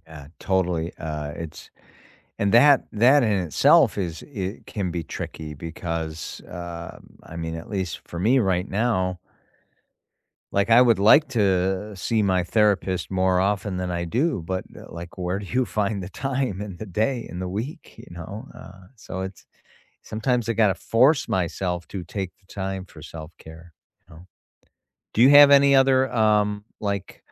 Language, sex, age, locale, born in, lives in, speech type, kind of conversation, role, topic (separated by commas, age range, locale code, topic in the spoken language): English, male, 55-59, en-US, United States, United States, conversation, unstructured, none, What’s a lesson you learned the hard way but still value?